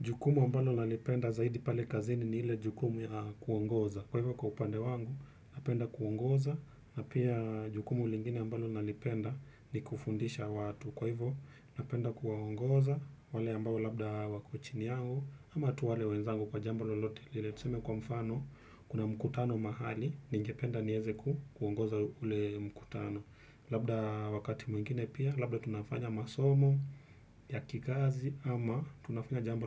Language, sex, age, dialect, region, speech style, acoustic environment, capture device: Swahili, male, 25-34, Kenya - Nairobi Sheng-influenced, Kenya, relaxed, silence, desktop / Windows / Chrome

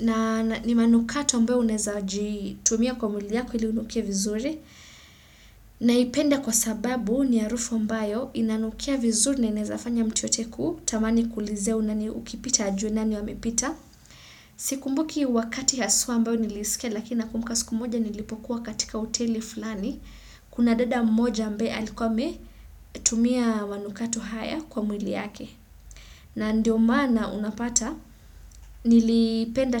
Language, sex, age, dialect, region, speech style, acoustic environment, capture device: Swahili, female, 25-34, Kenya - Mombasa, Kenya, relaxed; excited, silence, desktop / Windows / Chrome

